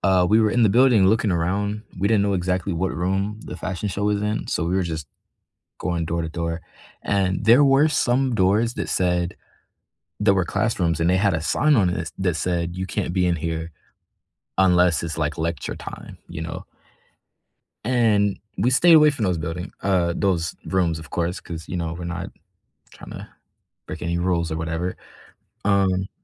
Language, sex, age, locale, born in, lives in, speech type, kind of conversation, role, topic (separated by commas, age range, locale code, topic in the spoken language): English, male, 18-19, en-US, United States, United States, conversation, unstructured, none, What is a story from your past that you love telling?